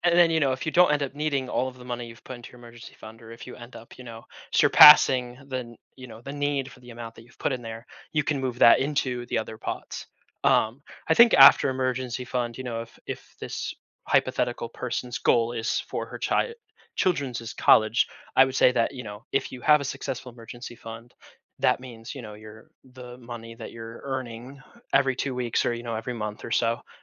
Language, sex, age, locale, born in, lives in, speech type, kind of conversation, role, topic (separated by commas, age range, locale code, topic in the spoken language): English, male, 20-24, en-US, United States, United States, conversation, podcast, guest, What are some practical ways for beginners to start investing confidently?